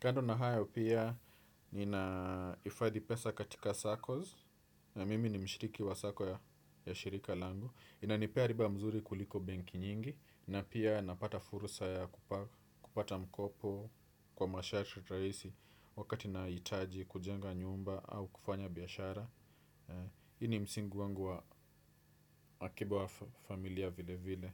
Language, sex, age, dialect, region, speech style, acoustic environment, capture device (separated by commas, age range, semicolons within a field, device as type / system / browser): Swahili, male, 25-34, Kenya - Nairobi Sheng-influenced, Kenya, nervous; focused, silence, desktop / Windows / Edge